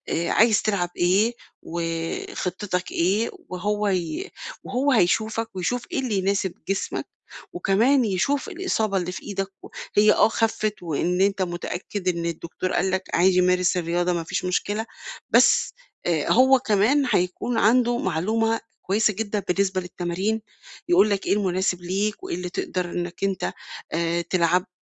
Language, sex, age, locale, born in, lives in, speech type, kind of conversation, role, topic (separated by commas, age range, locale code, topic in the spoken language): Arabic, female, 50-54, ar-EG, Egypt, Portugal, conversation, advice, advisor, ليه تقدّمي في التمرين وقف رغم إني بتدرّب بجد؟